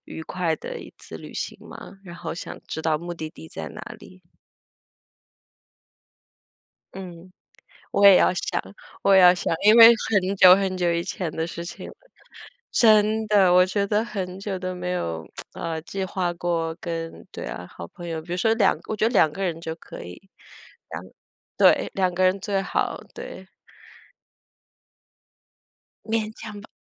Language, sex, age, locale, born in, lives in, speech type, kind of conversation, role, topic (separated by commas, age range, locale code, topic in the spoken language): Chinese, female, 25-29, zh-TW, China, United States, conversation, unstructured, none, 你觉得旅行中最难忘的经历是什么？